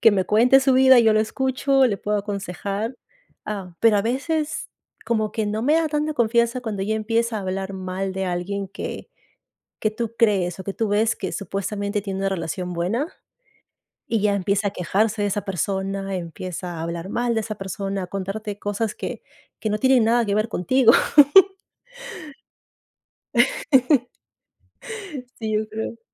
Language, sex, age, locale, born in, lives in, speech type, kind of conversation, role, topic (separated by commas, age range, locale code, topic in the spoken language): Spanish, female, 35-39, es-PE, Peru, United States, conversation, unstructured, none, ¿Qué papel juega la confianza en tus relaciones personales?